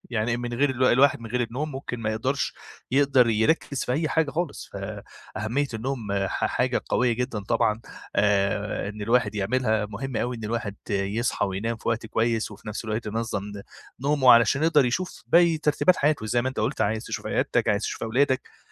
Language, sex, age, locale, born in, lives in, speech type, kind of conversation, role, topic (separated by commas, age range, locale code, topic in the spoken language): Arabic, male, 25-29, ar-EG, Egypt, Egypt, conversation, advice, advisor, إزاي أظبط مواعيد نومي وصحيانِي عشان يبقى عندي روتين ثابت بالليل وبالصبح؟